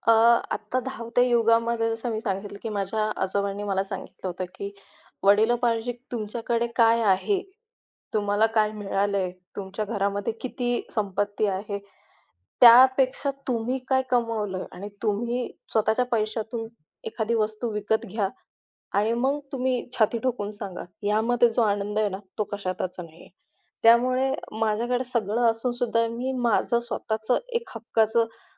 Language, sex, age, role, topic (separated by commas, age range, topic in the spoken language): Marathi, female, 25-29, guest, आजी-आजोबांनी शिकवलेली कोणती गोष्ट तुम्ही अजूनही पाळता?